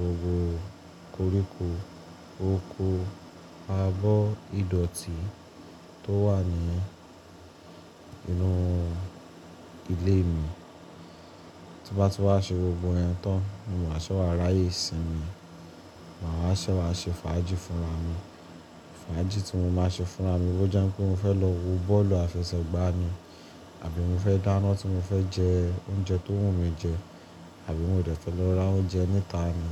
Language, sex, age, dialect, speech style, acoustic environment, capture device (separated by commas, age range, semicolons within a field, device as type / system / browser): Yoruba, male, 25-34, Nigeria - Lagos Yoruba, relaxed, appliances, mobile / Linux / Chrome